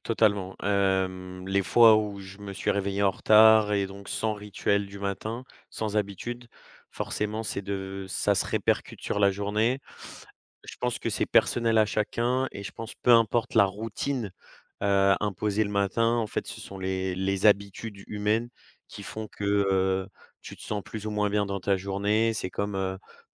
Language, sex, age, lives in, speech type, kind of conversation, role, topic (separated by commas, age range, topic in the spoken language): French, male, 20-24, France, conversation, podcast, guest, Comment gères-tu ton stress au quotidien ?